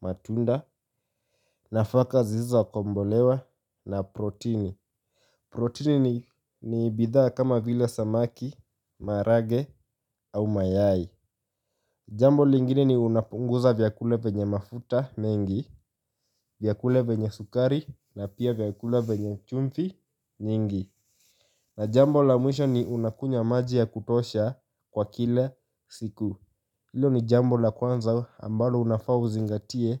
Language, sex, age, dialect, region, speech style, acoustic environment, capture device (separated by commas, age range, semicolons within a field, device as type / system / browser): Swahili, male, 18-24, Kenya - Nairobi Sheng-influenced, Kenya, happy; focused, music; nature, mobile / Linux / Chrome